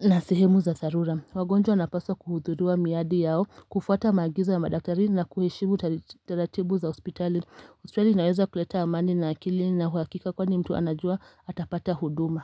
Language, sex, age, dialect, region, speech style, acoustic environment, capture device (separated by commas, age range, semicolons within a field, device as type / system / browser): Swahili, female, 25-34, Kenya - Mombasa, Kenya, happy, silence, mobile / Linux / Chrome